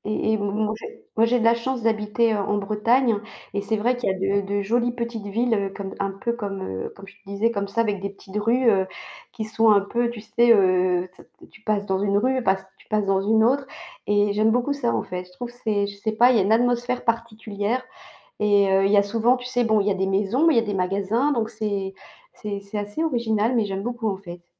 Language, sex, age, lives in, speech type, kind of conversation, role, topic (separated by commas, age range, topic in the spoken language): French, female, 55-59, France, conversation, unstructured, none, Qu’aimez-vous le plus découvrir dans une nouvelle ville ?